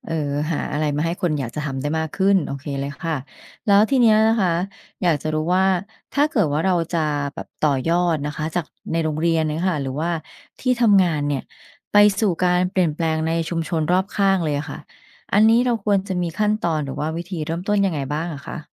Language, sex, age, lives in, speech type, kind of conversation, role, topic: Thai, female, 45-49, Thailand, read, expert-assistant, asker, การรีไซเคิลขยะมีผลต่อสิ่งแวดล้อมอย่างไร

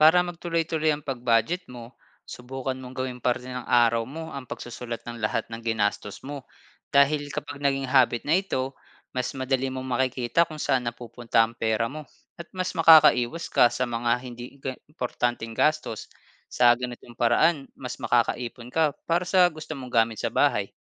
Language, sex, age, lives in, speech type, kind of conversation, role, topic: Filipino, male, 30-34, Philippines, read, expert-assistant, expert, Bakit mahalaga ang pagiging masinop sa paggamit ng pera?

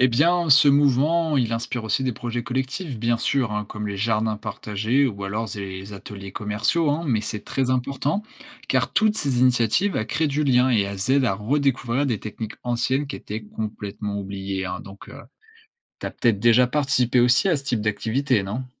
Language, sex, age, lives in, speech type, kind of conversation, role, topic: French, male, 20-24, France, read, expert-assistant, expert, Est-ce qu’on change notre rapport à la nourriture quand on sait d’où viennent les plantes ?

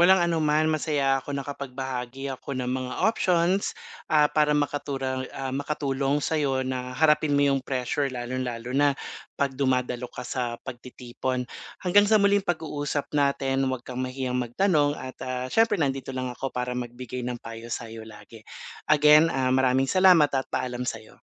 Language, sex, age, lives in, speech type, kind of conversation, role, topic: Filipino, male, 45-49, Philippines, conversation, advice, advisor, Paano ko haharapin ang pressure na dumalo sa isang pagtitipon?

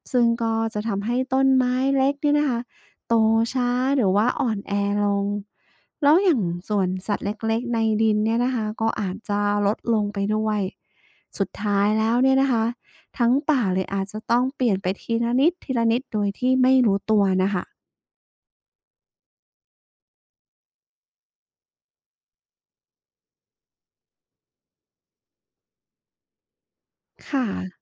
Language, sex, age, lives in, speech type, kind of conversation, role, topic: Thai, female, 35-39, Thailand, read, expert-assistant, asker, ถ้าอยากเข้าใจว่าป่าไม้ดูแลธรรมชาติอย่างไร ควรเริ่มเรียนรู้จากอะไรบ้าง?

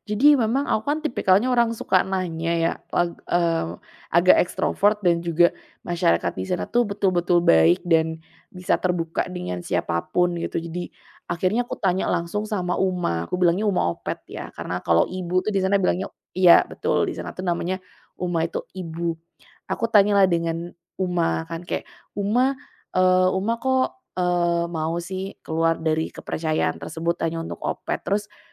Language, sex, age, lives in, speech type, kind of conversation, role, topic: Indonesian, female, 25-29, Indonesia, conversation, podcast, guest, Pertemuan berkesan dengan penduduk setempat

